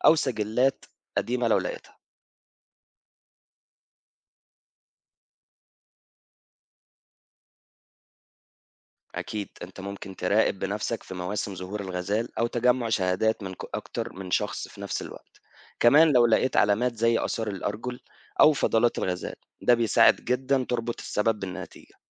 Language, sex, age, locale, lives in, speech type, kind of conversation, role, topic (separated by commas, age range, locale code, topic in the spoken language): Arabic, male, 25-29, ar-EG, Egypt, read, expert-assistant, expert, لو في حيوان بري معين بدأ يختفي من منطقة ريفية حوالينا، إيه الخطوات اللي نعملها عشان نعرف السبب؟